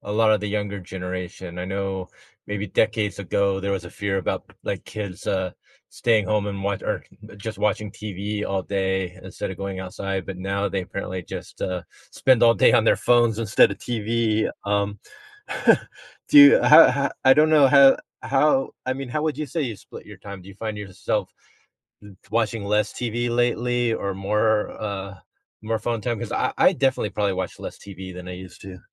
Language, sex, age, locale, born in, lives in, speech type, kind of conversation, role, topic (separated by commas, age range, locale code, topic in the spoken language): English, male, 50-54, en-US, United States, United States, conversation, podcast, host, What helps you maintain a healthy balance between technology use and offline activities?